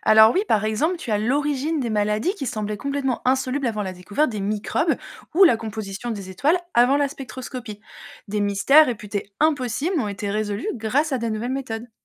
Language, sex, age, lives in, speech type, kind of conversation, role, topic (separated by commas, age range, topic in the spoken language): French, female, 30-34, France, read, expert-assistant, expert, Chercher à comprendre l’univers, ça nous apporte quoi concrètement et est-ce qu’il y a des inconvénients à toujours vouloir en savoir plus ?